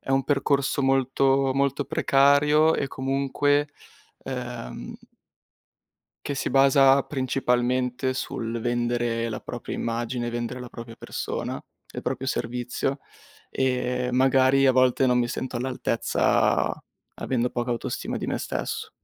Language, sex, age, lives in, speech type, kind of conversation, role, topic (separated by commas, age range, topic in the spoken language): Italian, male, 20-24, Italy, conversation, advice, user, Come posso chiarire il mio percorso di carriera e prendere decisioni professionali più consapevoli?